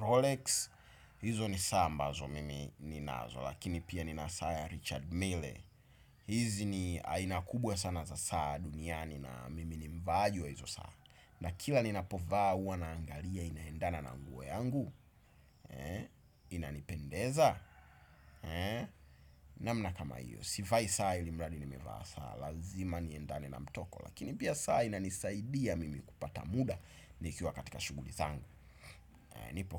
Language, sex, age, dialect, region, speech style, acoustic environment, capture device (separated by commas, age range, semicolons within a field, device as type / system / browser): Swahili, male, 25-34, Tanzania - Dar es Salaam, Tanzania, excited, appliances; construction; nature; people talking; traffic, desktop / Windows / Chrome